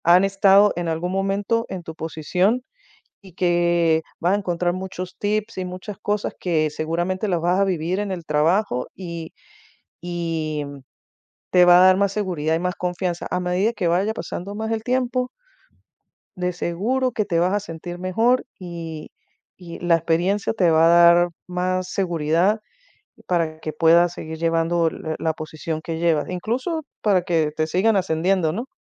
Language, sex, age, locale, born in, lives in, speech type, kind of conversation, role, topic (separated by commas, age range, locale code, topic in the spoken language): Spanish, female, 50-54, es-VE, Venezuela, United States, conversation, advice, advisor, ¿Cómo has experimentado el síndrome del impostor al liderar a tu equipo?